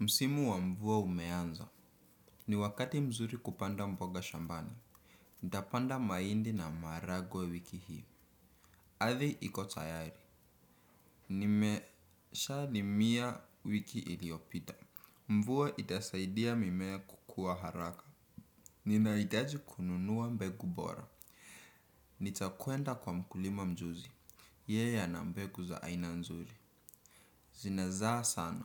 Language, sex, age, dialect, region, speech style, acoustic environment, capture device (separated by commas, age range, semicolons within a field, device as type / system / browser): Swahili, male, 18-24, Kenya - Nairobi Sheng-influenced, Kenya, relaxed, silence, desktop / Windows / Chrome